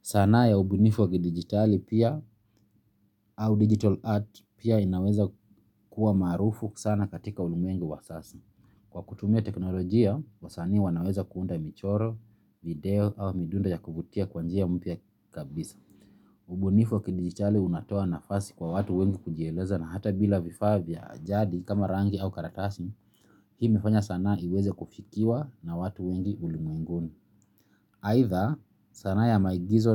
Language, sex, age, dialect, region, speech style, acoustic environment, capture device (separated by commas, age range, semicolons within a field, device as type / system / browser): Swahili, male, 25-34, Kenya - Nairobi Sheng-influenced, Kenya, relaxed, silence, mobile / Linux / Chrome